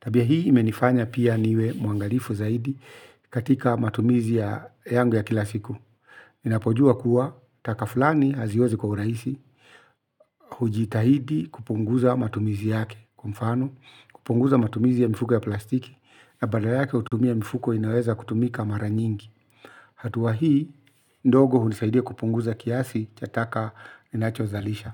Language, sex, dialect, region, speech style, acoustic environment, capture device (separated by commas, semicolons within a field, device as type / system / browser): Swahili, male, Kenya - Nairobi Sheng-influenced, Kenya, focused; relaxed, silence, mobile / Linux / Chrome